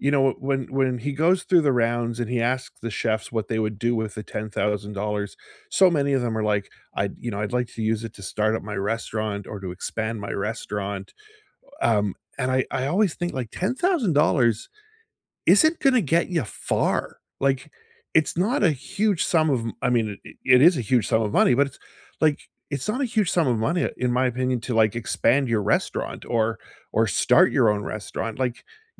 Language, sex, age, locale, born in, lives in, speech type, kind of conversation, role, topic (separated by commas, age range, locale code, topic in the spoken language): English, male, 55-59, en-CA, Canada, United States, conversation, unstructured, none, Which comfort shows do you rewatch again and again, and what makes them feel like home to you?